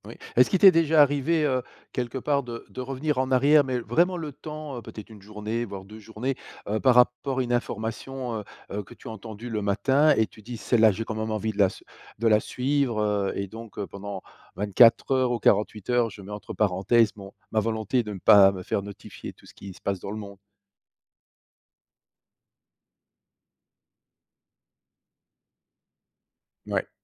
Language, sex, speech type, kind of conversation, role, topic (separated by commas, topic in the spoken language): French, male, conversation, podcast, host, Comment gères-tu les notifications envahissantes ?